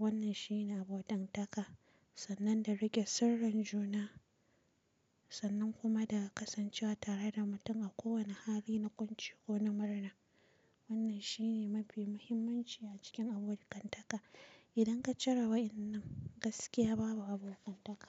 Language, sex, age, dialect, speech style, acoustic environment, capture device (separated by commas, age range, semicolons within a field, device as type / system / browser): Hausa, female, 25-34, Nigeria - Kano, relaxed, silence, mobile / Linux / Chrome